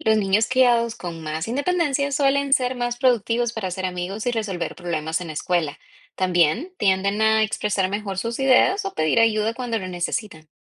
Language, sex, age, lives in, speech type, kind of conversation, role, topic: Spanish, female, 25-29, United States, read, expert-assistant, expert, ¿Por qué en algunas familias se espera que los niños sean más independientes que en otras?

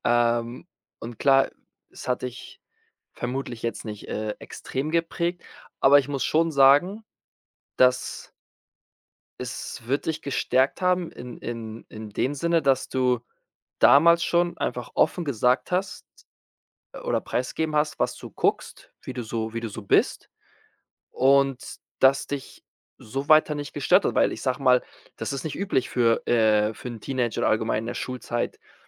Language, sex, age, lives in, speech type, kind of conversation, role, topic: German, male, 25-29, Spain, conversation, podcast, host, Welche Serien haben dich als Teenager begleitet?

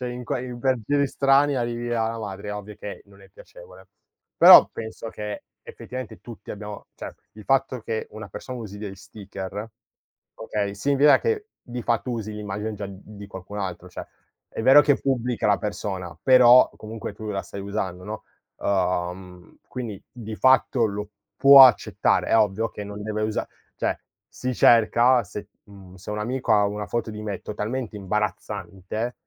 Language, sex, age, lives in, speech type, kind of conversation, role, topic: Italian, male, 20-24, Italy, conversation, podcast, guest, Come influenzano i meme la cultura pop, secondo la tua esperienza?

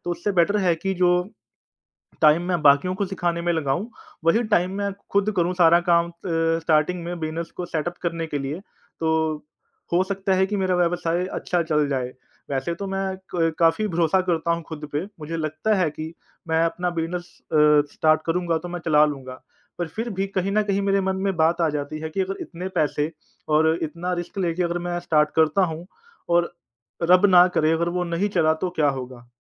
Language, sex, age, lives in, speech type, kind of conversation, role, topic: Hindi, male, 30-34, India, conversation, advice, user, क्या आप अपना पूरा करियर छोड़कर अपना व्यवसाय शुरू करने पर विचार कर रहे हैं?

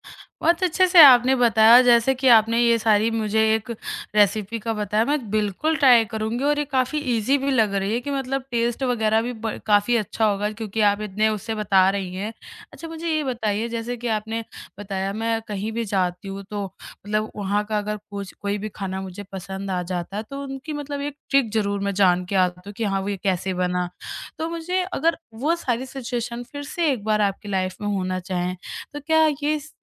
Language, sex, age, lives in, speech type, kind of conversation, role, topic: Hindi, male, 30-34, India, conversation, podcast, host, Kisi local ne tumhe cooking ya craft sikhaya — experience kaisa tha?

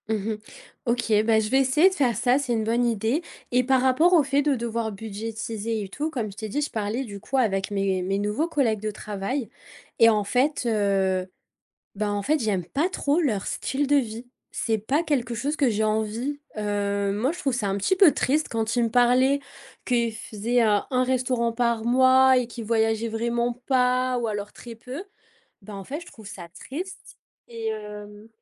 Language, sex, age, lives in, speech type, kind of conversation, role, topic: French, female, 25-29, France, conversation, advice, user, Pourquoi je n’arrive pas à respecter mon budget mensuel ?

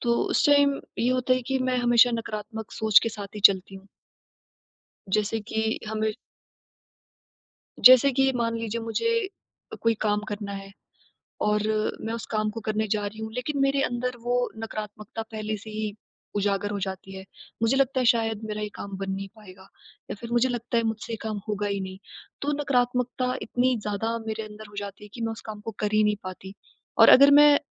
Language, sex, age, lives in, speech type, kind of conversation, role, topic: Hindi, female, 20-24, India, conversation, advice, user, मैं नकारात्मक पैटर्न तोड़ते हुए नए व्यवहार कैसे अपनाऊँ?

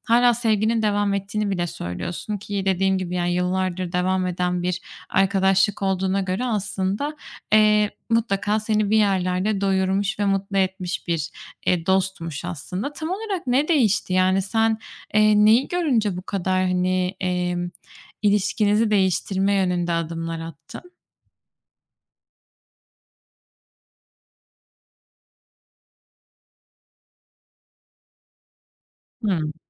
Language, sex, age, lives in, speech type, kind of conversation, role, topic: Turkish, female, 25-29, Spain, conversation, advice, advisor, Arkadaşınla aranızın yavaşça açılması ve ilişkinizin soğumasıyla nasıl başa çıkabilirsin?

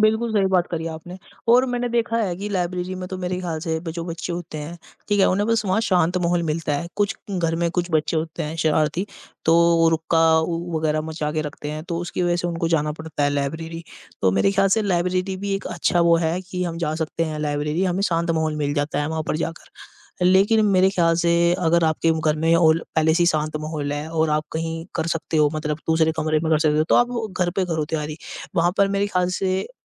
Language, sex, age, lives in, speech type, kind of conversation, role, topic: Hindi, male, 50-54, India, conversation, unstructured, none, इंटरनेट ने पढ़ाई के तरीके में क्या बदलाव लाया है?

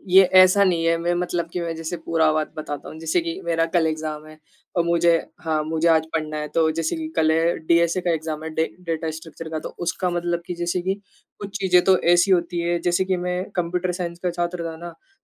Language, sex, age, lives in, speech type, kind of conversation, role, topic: Hindi, male, 20-24, India, conversation, unstructured, none, आपके लिए किताब पढ़ना अधिक आनंददायक है या संगीत सुनना?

